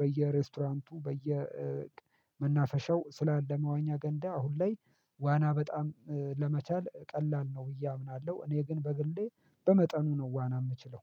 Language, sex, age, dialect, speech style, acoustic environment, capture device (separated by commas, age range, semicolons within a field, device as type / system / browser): Amharic, male, 25-34, Ethiopia - Addis Ababa, happy; focused; excited; relaxed, silence, mobile / Linux / Chrome